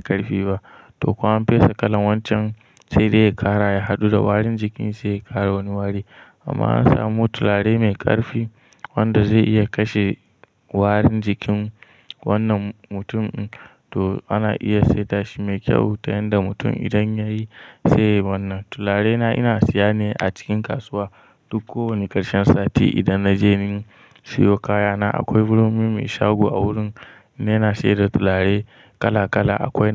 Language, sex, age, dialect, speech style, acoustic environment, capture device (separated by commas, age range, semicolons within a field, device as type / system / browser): Hausa, male, 18-24, Nigeria - Kano, anxious, nature, mobile / Linux / Chrome